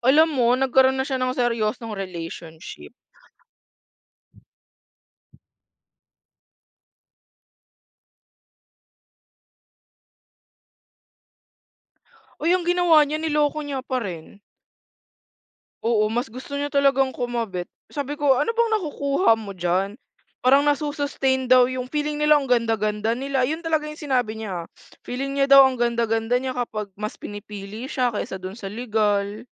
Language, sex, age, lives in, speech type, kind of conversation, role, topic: Filipino, female, 20-24, Philippines, conversation, unstructured, none, Paano mo haharapin ang pagkakaroon ng lihim sa isang relasyon?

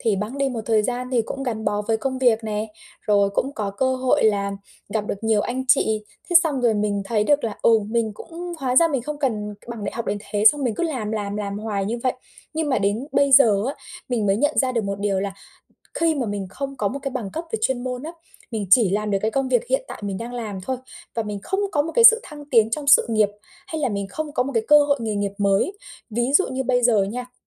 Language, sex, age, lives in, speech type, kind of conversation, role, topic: Vietnamese, female, 25-29, Vietnam, conversation, advice, user, Làm sao để biến sai lầm thành cơ hội học hỏi?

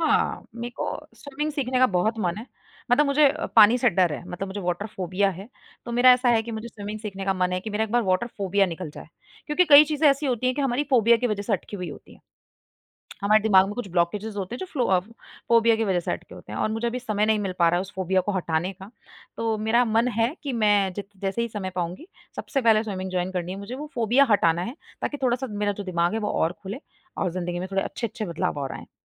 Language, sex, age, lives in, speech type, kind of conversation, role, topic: Hindi, female, 25-29, India, conversation, podcast, guest, कौन-सी आदत ने आपकी ज़िंदगी बदल दी?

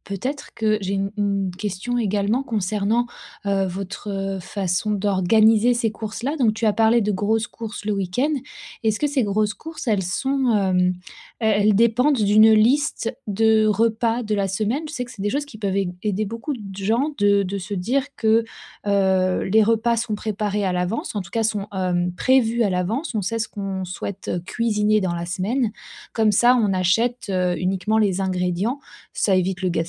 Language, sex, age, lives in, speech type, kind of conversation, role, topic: French, female, 30-34, France, conversation, advice, advisor, Pourquoi avez-vous du mal à réduire vos dépenses quotidiennes ?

